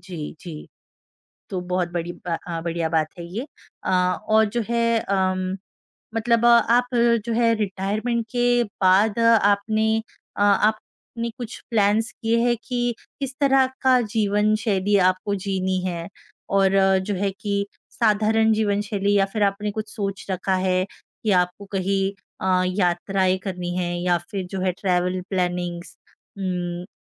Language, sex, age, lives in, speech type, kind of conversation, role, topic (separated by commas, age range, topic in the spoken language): Hindi, female, 25-29, India, conversation, advice, advisor, मैं अपने सेवानिवृत्ति का समय और वित्तीय योजना कैसे तय करूँ?